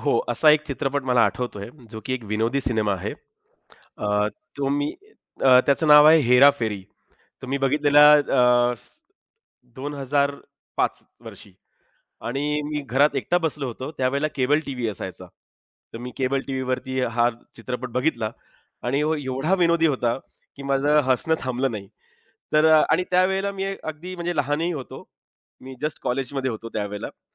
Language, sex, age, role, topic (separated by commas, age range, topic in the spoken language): Marathi, male, 35-39, guest, कुठल्या चित्रपटाचा प्रभाव तुझ्यावर आजही कायम आहे?